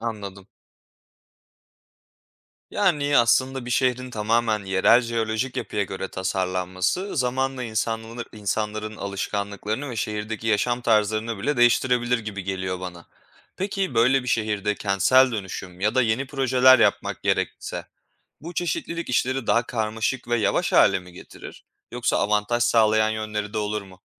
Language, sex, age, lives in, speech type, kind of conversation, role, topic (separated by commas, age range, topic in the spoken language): Turkish, male, 25-29, Poland, read, expert-assistant, asker, Bir şehir tamamen doğal yapısına göre tasarlansa yaşam nasıl etkilenir?